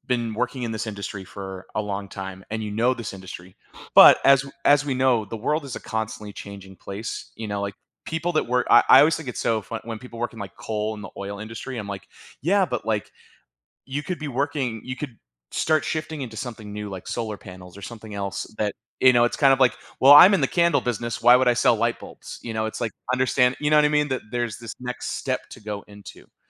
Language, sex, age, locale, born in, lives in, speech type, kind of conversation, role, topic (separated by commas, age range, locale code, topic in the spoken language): English, male, 35-39, en-US, United States, United States, conversation, advice, advisor, How can I manage feeling overwhelmed by financial worries and regain control?